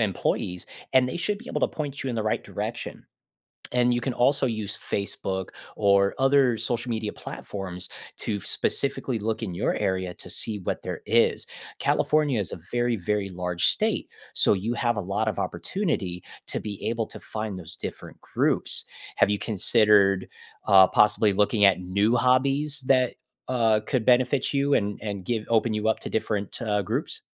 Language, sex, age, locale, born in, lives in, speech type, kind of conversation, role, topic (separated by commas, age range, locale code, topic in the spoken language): English, male, 30-34, en-US, United States, United States, conversation, advice, advisor, How can I make meaningful friends and feel less lonely after moving to a new city?